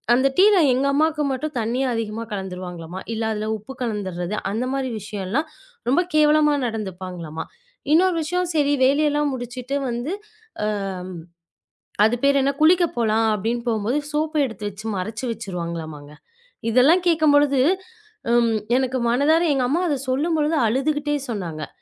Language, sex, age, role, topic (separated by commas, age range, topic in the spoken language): Tamil, female, 25-29, guest, கூட்டு குடும்பமாக வாழ்வதால் கிடைக்கும் நன்மைகளும் எதிர்கொள்ள வேண்டிய சவால்களும் என்னென்ன?